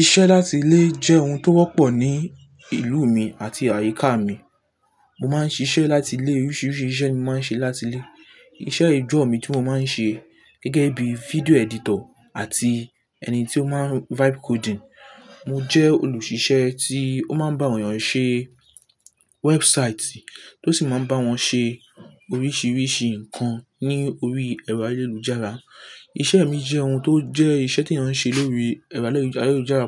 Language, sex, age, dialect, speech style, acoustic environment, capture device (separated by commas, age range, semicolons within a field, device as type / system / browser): Yoruba, male, 18-24, Nigeria - Lagos Yoruba, relaxed; focused, nature, mobile / Linux / Chrome